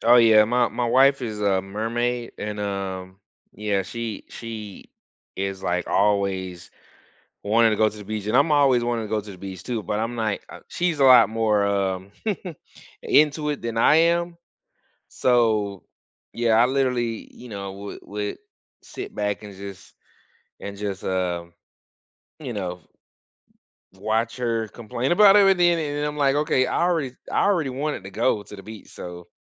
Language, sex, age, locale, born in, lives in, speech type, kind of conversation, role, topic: English, male, 35-39, en-US, United States, United States, conversation, unstructured, none, How do you keep spontaneous day trips fun and flexible without overthinking the logistics?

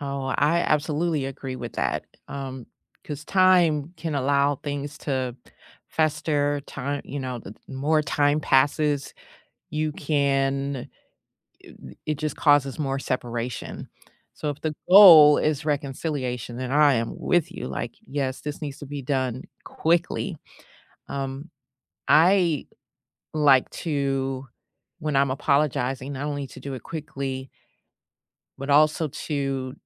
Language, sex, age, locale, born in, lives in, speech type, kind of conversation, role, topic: English, female, 50-54, en-US, United States, United States, conversation, unstructured, none, What is the best way to apologize when you are wrong?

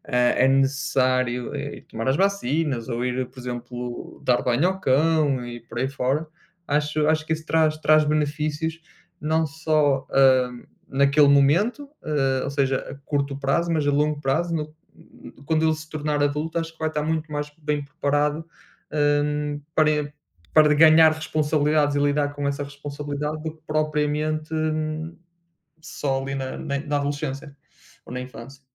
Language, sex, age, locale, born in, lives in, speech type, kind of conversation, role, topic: Portuguese, male, 30-34, pt-PT, Portugal, Portugal, conversation, unstructured, none, Qual é a importância dos animais de estimação na vida das pessoas?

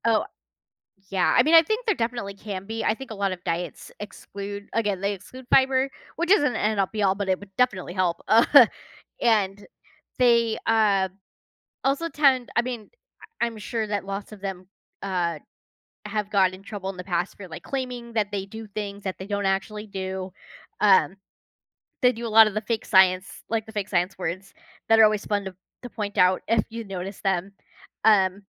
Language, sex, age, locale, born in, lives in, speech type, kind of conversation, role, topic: English, female, 30-34, en-US, United States, United States, conversation, unstructured, none, Why do you think so many people are attracted to diets that claim to offer fast results?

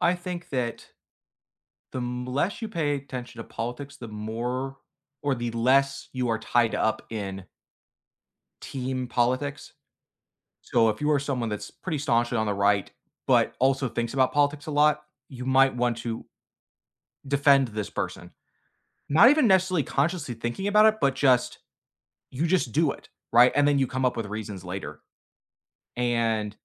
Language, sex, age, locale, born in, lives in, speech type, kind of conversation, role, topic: English, male, 25-29, en-US, United States, United States, conversation, unstructured, none, What recent news story caught your attention?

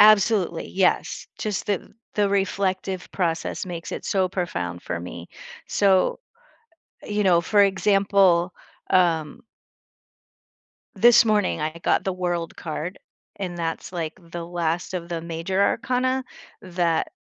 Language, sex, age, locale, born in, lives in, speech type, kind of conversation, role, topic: English, female, 55-59, en-US, United States, United States, conversation, podcast, guest, How can making a small change in your daily routine lead to bigger life improvements?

